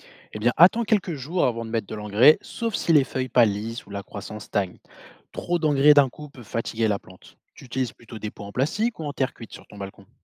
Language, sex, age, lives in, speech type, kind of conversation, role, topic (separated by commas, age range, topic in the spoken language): French, male, 25-29, France, read, expert-assistant, expert, Pourquoi certains fruits ou légumes de mon potager poussent-ils moins bien que prévu cette saison ?